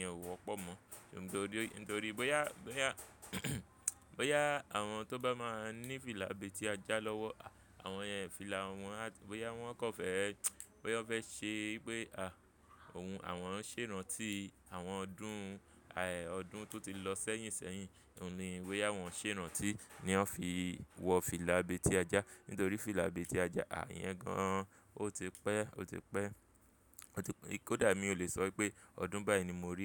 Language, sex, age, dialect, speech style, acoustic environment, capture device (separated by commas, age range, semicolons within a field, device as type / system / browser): Yoruba, male, 25-34, Nigeria - Lagos Yoruba, focused, construction; people talking, mobile / Linux / Chrome